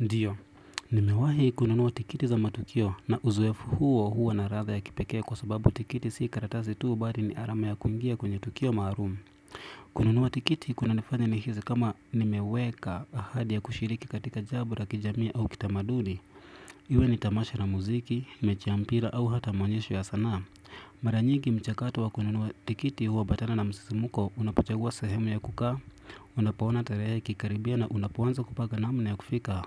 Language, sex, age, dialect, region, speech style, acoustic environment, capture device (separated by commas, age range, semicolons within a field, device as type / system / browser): Swahili, male, 25-34, Kenya - Nairobi Sheng-influenced, Kenya, relaxed; focused, silence, desktop / Windows / Chrome